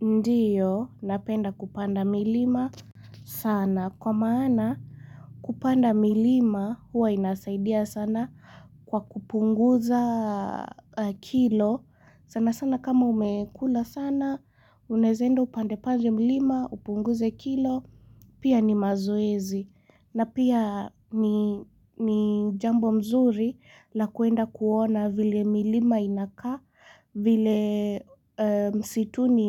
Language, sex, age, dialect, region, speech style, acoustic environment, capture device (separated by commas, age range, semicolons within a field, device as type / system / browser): Swahili, female, 18-24, Kenya - Mombasa, Kenya, relaxed; excited, nature, mobile / Linux / Chrome